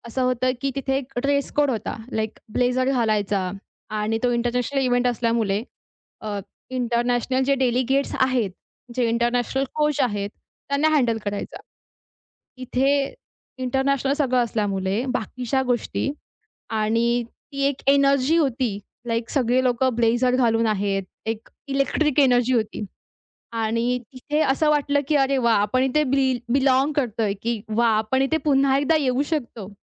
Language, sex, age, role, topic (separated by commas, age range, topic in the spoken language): Marathi, female, 20-24, guest, एखाद्या खास पोशाखामुळे तुमचा दिवस कधी बदलला आहे का?